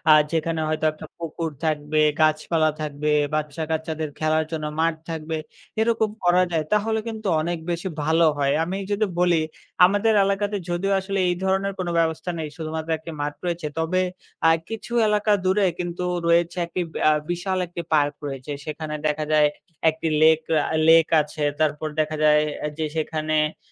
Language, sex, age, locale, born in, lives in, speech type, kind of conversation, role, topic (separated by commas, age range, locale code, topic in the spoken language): Bengali, male, 20-24, bn-BD, Bangladesh, Bangladesh, conversation, unstructured, none, আপনার এলাকার সবচেয়ে ভালো জায়গা কোনটি?